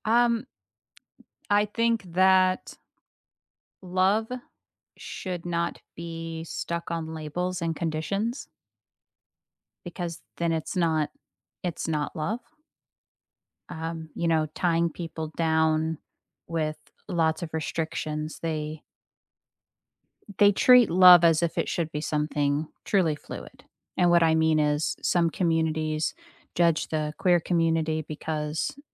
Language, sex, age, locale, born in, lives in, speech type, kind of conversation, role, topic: English, female, 30-34, en-US, United States, United States, conversation, unstructured, none, What is something most people misunderstand about love?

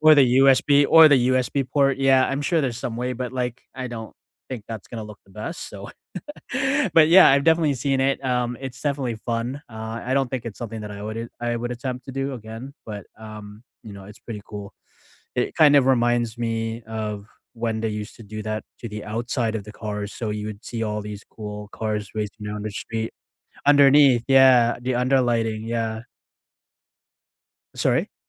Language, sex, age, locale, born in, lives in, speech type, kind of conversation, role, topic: English, male, 40-44, en-CA, Canada, Canada, conversation, unstructured, none, How do you decide what to do yourself and when to call a professional?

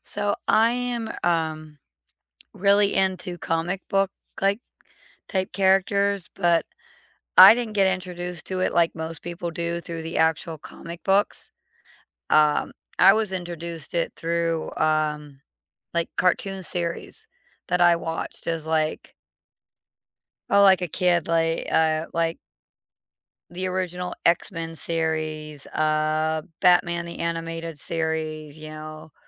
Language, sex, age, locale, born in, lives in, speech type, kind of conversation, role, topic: English, female, 45-49, en-US, United States, United States, conversation, unstructured, none, Which fandom first sparked your love of entertainment, and how has it connected you with others since?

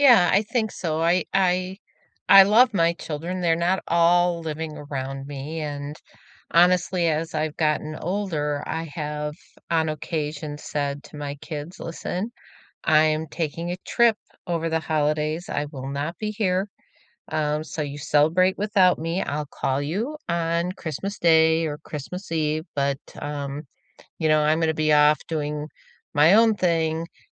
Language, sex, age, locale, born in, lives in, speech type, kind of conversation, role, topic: English, female, 65-69, en-US, United States, United States, conversation, unstructured, none, Which old tradition have you adapted for today, and how does it bring you closer?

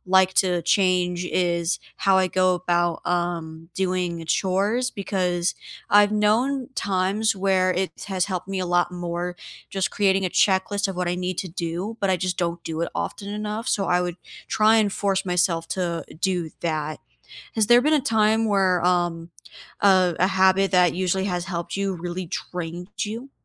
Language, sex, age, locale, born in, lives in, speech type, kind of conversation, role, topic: English, female, 20-24, en-US, United States, United States, conversation, unstructured, none, What habit could you redesign so your days feel lighter and still move you toward what you want?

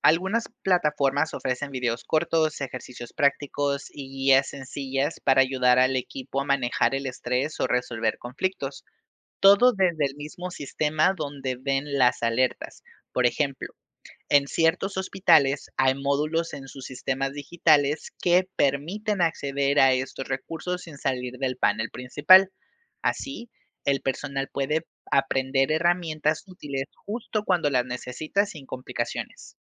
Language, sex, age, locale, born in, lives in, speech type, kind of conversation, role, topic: Spanish, male, 25-29, es-MX, Mexico, Mexico, read, expert-assistant, expert, ¿Qué innovaciones podríamos implementar para hacer que el trabajo de las enfermeras sea menos estresante y más eficiente?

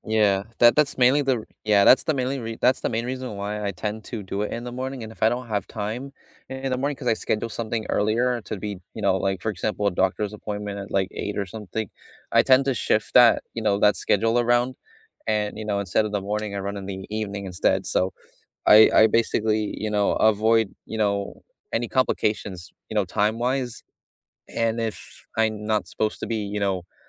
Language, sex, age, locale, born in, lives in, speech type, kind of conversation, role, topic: English, male, 20-24, en-US, United States, United States, conversation, unstructured, none, What small daily ritual makes your day better?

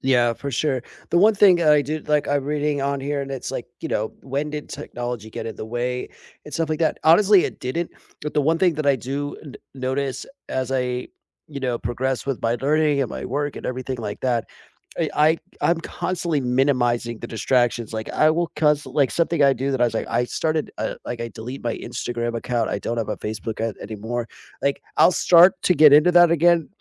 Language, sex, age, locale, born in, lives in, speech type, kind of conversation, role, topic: English, male, 40-44, en-US, United States, United States, conversation, unstructured, none, How has technology shaped your learning journey?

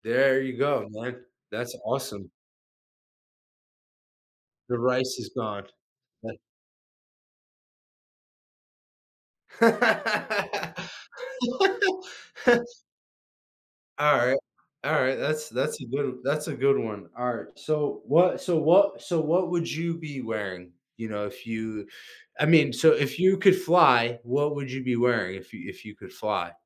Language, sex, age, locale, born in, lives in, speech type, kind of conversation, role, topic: English, male, 25-29, en-US, United States, United States, conversation, unstructured, none, Would you rather be able to fly or become invisible whenever you want?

